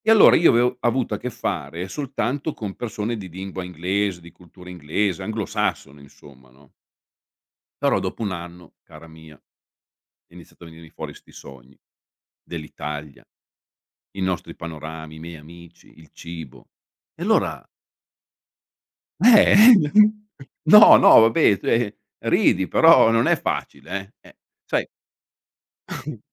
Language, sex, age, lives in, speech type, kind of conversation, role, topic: Italian, male, 55-59, Italy, conversation, podcast, guest, Cosa ti fa sentire davvero parte di una comunità?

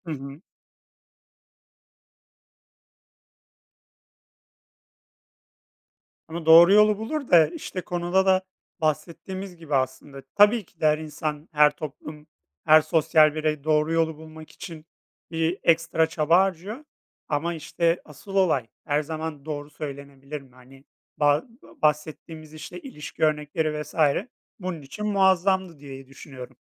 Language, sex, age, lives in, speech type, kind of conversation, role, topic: Turkish, male, 30-34, Poland, conversation, unstructured, none, İnsanlar her zaman doğruyu söylemeli mi?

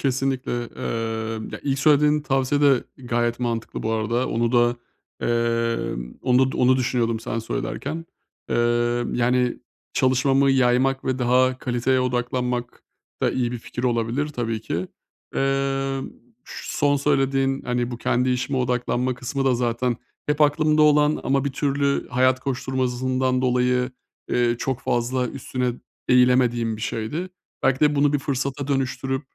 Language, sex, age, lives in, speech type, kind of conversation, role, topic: Turkish, male, 30-34, Bulgaria, conversation, advice, user, Günlük işimde daha fazla anlam ve amaç nasıl bulabilirim?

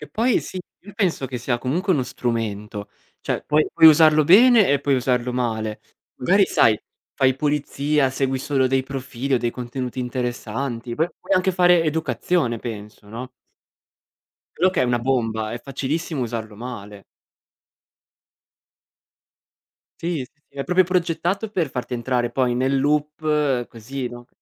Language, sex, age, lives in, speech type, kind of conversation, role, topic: Italian, male, 25-29, Italy, conversation, unstructured, none, Cosa ti infastidisce di più sui social network?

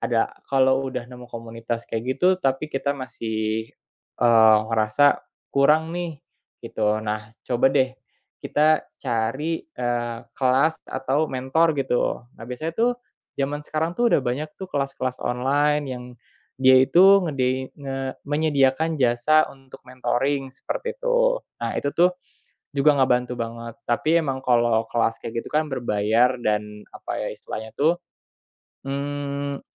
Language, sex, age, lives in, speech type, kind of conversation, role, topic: Indonesian, male, 25-29, Indonesia, conversation, podcast, guest, Apa peran teman atau mentor saat kamu mencoba belajar ulang?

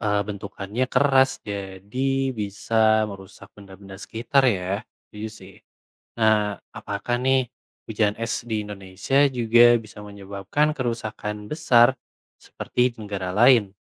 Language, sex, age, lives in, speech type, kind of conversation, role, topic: Indonesian, male, 25-29, Indonesia, read, expert-assistant, asker, Bagaimana hujan es bisa terbentuk di langit?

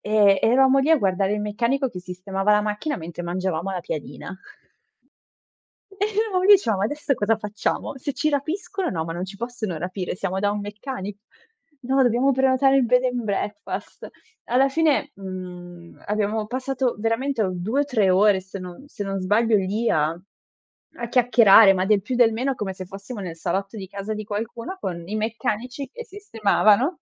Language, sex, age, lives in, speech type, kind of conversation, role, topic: Italian, female, 25-29, Italy, conversation, podcast, guest, Cosa significa per te la “famiglia scelta” e come si costruisce?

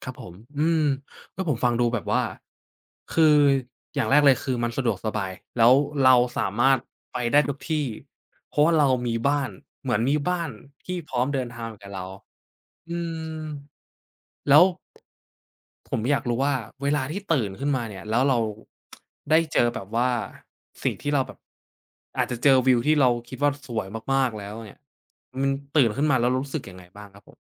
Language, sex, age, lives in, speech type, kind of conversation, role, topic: Thai, male, 20-24, Thailand, conversation, podcast, host, เล่าทริปที่คุณยังจำไม่ลืมให้ฟังหน่อยได้ไหม?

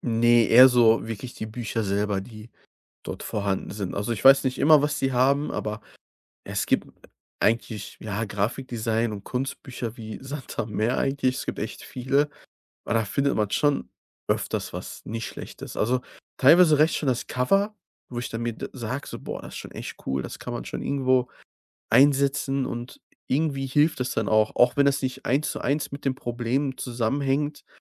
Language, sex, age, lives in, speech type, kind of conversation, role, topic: German, male, 25-29, Germany, conversation, podcast, guest, Welche Rolle spielt Bewegung oder ein Spaziergang für deine Kreativität?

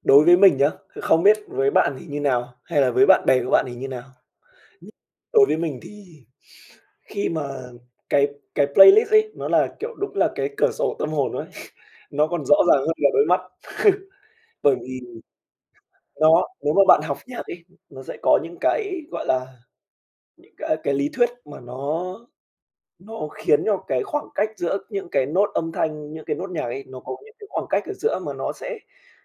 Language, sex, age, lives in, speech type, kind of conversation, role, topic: Vietnamese, male, 20-24, Vietnam, conversation, podcast, guest, Bạn nghĩ âm nhạc kết nối mọi người với nhau như thế nào?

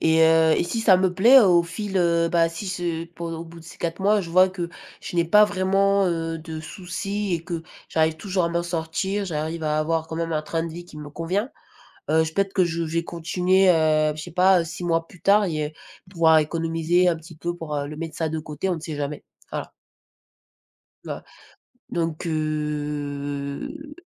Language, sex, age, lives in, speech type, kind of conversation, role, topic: French, female, 20-24, France, conversation, unstructured, none, Comment économises-tu habituellement de l’argent chaque mois ?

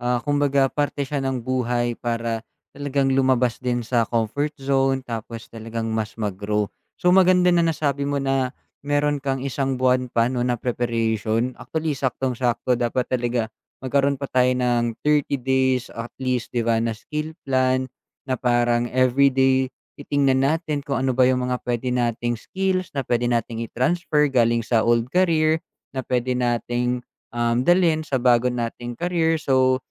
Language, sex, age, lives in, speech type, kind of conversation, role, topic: Filipino, male, 25-29, Philippines, conversation, advice, advisor, Paano ko haharapin ang takot sa bagong simula habang lumilipat ng karera?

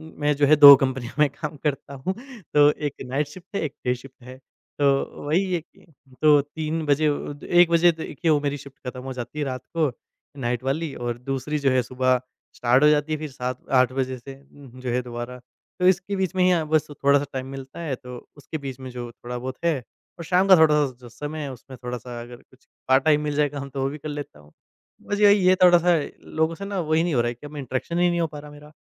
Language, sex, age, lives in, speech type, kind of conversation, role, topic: Hindi, male, 20-24, India, conversation, podcast, guest, Screen time aur sleep kaise balance karte ho?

